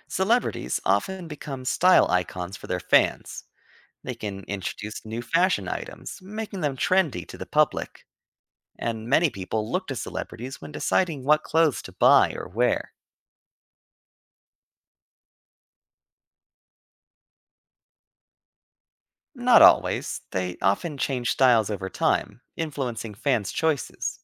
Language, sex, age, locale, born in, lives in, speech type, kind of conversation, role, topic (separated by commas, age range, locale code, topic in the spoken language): English, male, 25-29, en-US, United States, United States, read, expert-assistant, expert, How do people express their identity through fashion?